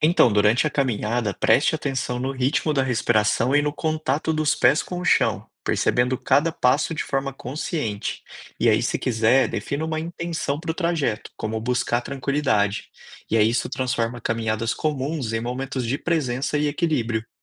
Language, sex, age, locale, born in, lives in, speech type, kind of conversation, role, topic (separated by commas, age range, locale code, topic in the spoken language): Portuguese, male, 30-34, pt-BR, Brazil, Spain, read, expert-assistant, expert, Como práticas de diferentes religiões do mundo podem ajudar no meu bem-estar diário?